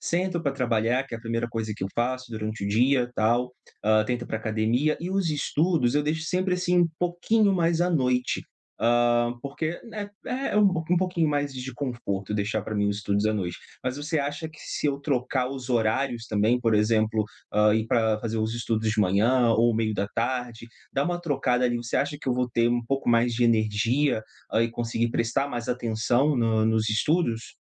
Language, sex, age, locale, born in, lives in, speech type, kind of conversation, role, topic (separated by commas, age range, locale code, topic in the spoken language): Portuguese, male, 30-34, pt-BR, Brazil, Portugal, conversation, advice, user, Por que eu sempre adio tarefas importantes antes de começar um bloco de trabalho concentrado?